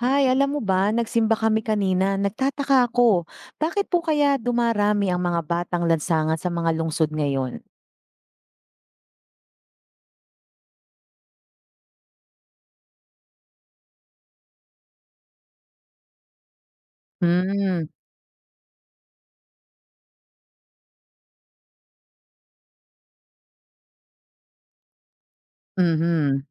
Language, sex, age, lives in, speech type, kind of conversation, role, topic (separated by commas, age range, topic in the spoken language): Filipino, female, 40-44, Philippines, read, expert-assistant, asker, Ano ang mga dahilan ng pagdami ng street children sa mga lungsod?